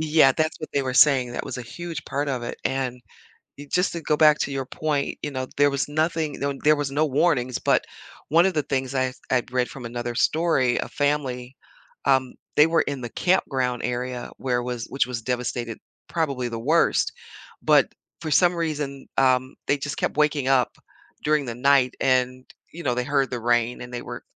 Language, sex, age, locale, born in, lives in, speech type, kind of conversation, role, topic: English, female, 60-64, en-US, United States, United States, conversation, podcast, host, How does climate change affect everyday life?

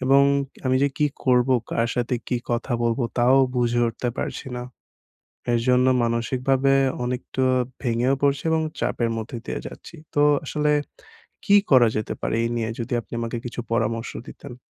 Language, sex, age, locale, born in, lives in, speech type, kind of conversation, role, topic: Bengali, male, 20-24, bn-BD, Bangladesh, Bangladesh, conversation, advice, user, ছুটি উপভোগ করেও কীভাবে বাজেটের মধ্যে থাকতে পারি?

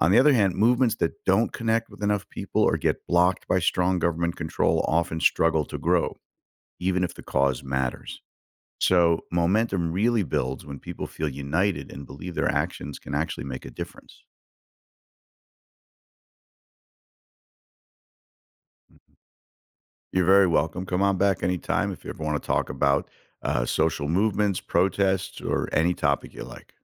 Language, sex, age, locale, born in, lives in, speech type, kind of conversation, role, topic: English, male, 55-59, en-US, United States, United States, read, expert-assistant, expert, What factors matter most in helping a democracy work well for its people?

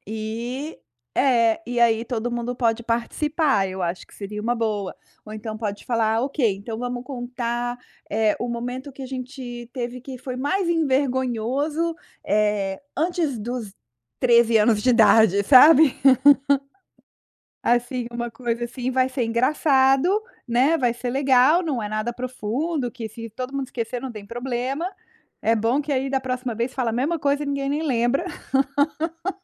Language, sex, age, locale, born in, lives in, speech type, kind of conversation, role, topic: Portuguese, female, 45-49, pt-BR, Brazil, United States, conversation, advice, advisor, Por que me sinto deslocado quando estou com meus amigos?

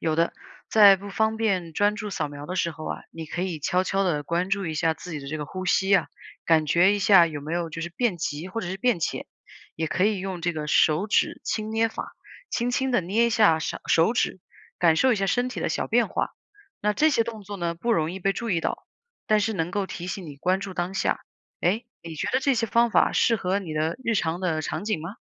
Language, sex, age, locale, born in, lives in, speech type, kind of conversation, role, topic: Chinese, female, 30-34, zh-CN, China, United States, read, expert-assistant, expert, 怎样通过了解自己的身体信号，更好地管理压力和情绪？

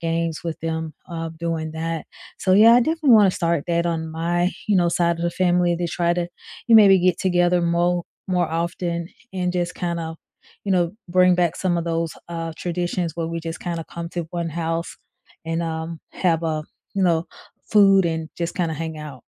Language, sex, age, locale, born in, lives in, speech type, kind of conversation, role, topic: English, female, 45-49, en-US, United States, United States, conversation, unstructured, none, What childhood tradition do you still keep, and why does it matter to you?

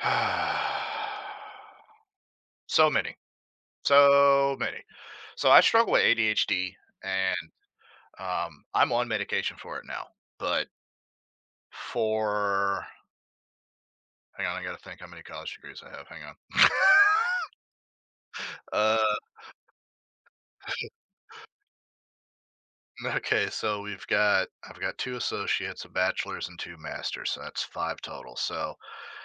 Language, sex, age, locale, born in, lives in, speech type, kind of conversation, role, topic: English, male, 30-34, en-US, United States, United States, conversation, podcast, guest, How have you grown from overcoming a difficult learning experience?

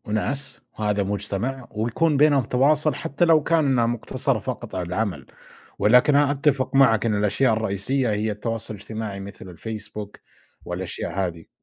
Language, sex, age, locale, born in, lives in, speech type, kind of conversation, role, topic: Arabic, male, 25-29, ar-SA, Saudi Arabia, United States, conversation, unstructured, none, هل بتحس إن السوشيال ميديا بتزوّد الإحساس بالوحدة؟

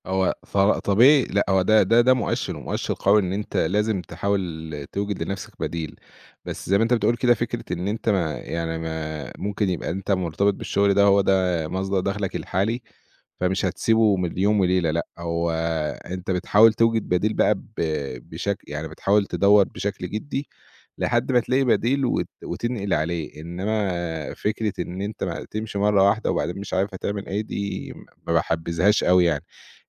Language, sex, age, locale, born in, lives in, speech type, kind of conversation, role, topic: Arabic, male, 30-34, ar-EG, Egypt, Egypt, conversation, podcast, guest, إزاي بتجهّز نفسك قبل مقابلة أو أي موقف مهم؟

